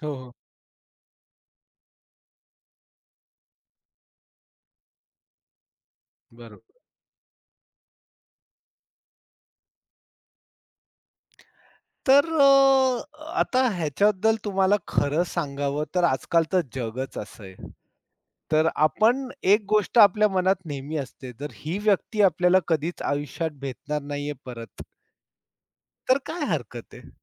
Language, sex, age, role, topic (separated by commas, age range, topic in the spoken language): Marathi, male, 45-49, guest, लोक सामाजिक माध्यमांवर आपलं खरं ‘मी’ का लपवतात, असं तुम्हाला का वाटतं?